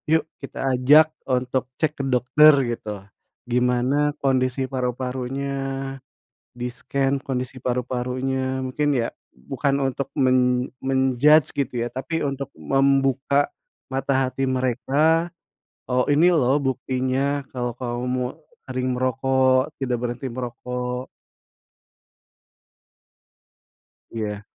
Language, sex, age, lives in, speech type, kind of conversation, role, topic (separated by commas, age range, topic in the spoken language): Indonesian, male, 40-44, Indonesia, conversation, unstructured, none, Bagaimana cara meyakinkan teman untuk berhenti merokok demi kesehatan mereka?